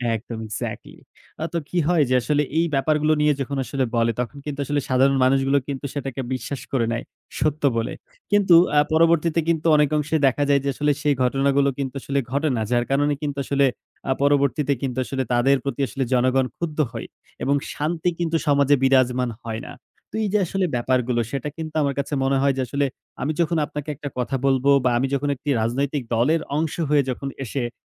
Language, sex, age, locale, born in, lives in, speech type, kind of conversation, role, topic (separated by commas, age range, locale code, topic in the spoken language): Bengali, male, 20-24, bn-BD, Bangladesh, Bangladesh, conversation, unstructured, none, তুমি কি মনে করো, কখনো কখনো সত্যের চেয়ে শান্তি বেশি মূল্যবান?